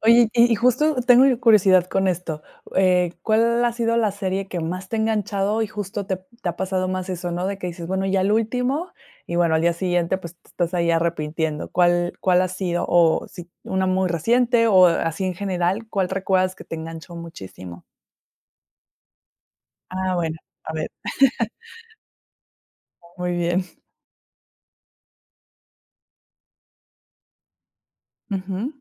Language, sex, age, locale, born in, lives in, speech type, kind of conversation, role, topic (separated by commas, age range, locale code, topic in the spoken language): Spanish, female, 30-34, es-MX, Mexico, Mexico, conversation, podcast, host, ¿Qué te hace dejar una serie a medio ver?